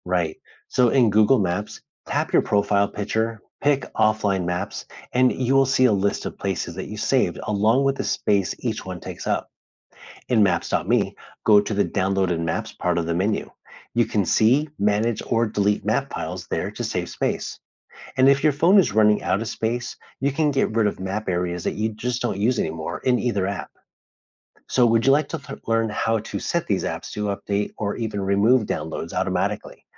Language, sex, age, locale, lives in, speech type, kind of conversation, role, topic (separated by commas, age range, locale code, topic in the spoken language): English, male, 35-39, en-US, United States, read, expert-assistant, expert, How does a GPS know where you are?